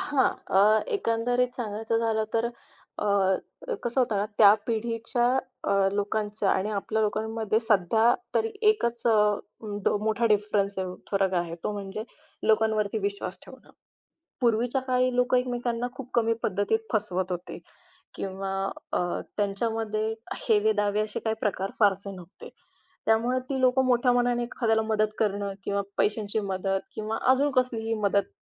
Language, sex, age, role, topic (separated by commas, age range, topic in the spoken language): Marathi, female, 25-29, guest, आजी-आजोबांनी शिकवलेली कोणती गोष्ट तुम्ही अजूनही पाळता?